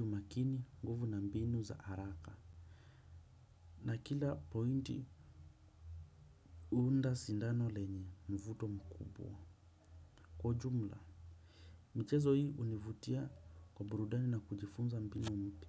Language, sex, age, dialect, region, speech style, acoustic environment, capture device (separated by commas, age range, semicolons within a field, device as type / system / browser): Swahili, male, 25-34, Kenya - Nairobi Sheng-influenced, Kenya, relaxed; focused, silence, desktop / Windows / Chrome